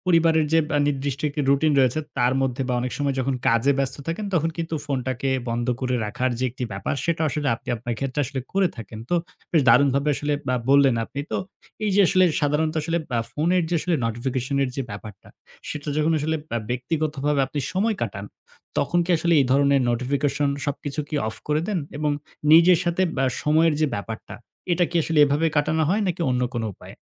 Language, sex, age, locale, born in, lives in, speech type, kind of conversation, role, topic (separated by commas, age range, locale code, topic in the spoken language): Bengali, male, 18-19, bn-BD, Bangladesh, Bangladesh, conversation, podcast, host, কাজের সময় ব্যক্তিগত সময়ের সীমানা তুমি কীভাবে সেট করো?